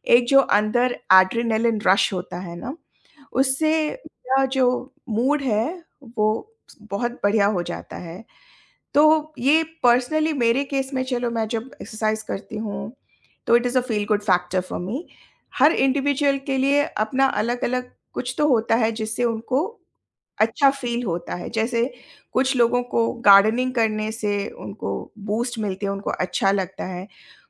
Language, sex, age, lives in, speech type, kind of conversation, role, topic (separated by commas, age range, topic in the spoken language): Hindi, female, 45-49, India, conversation, podcast, guest, Stress badhne par aap sabse pehle kya karte ho?